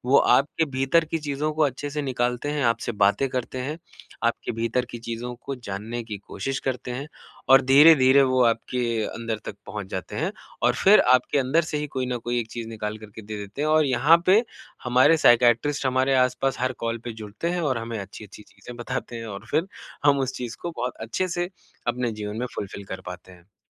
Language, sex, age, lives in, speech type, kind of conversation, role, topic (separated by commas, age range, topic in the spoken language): Hindi, male, 25-29, India, conversation, podcast, guest, Aapko kab pata chala ki aapka side hustle full-time naukri ban sakta hai?